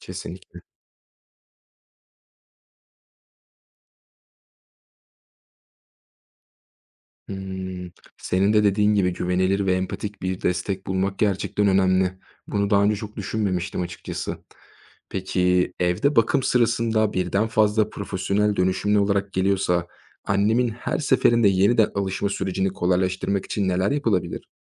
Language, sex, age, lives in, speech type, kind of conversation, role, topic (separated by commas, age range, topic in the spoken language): Turkish, male, 25-29, Germany, read, expert-assistant, asker, Evde bakım hizmetleri ile hastane ortamında bakım arasındaki avantajlar ve dezavantajlar nelerdir? Hangi koşullarda hangisi tercih edilmeli?